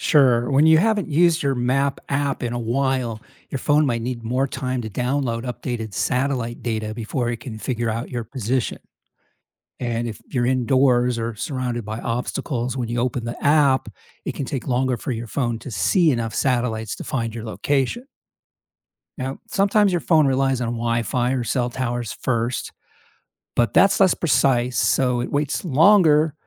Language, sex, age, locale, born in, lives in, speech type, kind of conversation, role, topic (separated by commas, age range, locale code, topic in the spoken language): English, male, 55-59, en-US, United States, United States, read, expert-assistant, expert, How does GPS determine and track your location and direction?